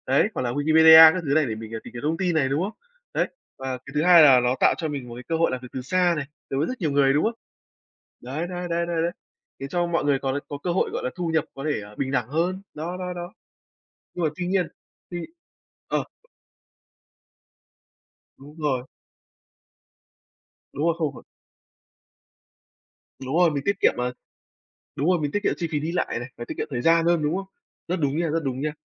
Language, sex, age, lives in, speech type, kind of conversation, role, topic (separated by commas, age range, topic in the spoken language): Vietnamese, male, 20-24, Vietnam, conversation, unstructured, none, Công nghệ có khiến xã hội trở nên bất bình đẳng hơn không?